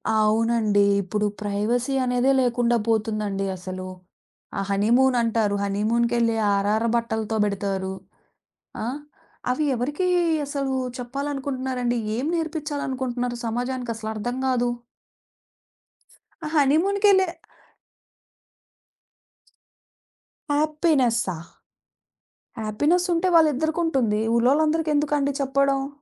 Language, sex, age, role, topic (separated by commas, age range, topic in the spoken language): Telugu, female, 30-34, guest, ఆన్‌లైన్‌లో ఏ విషయాలను పంచుకోకూడదని మీరు భావిస్తారు?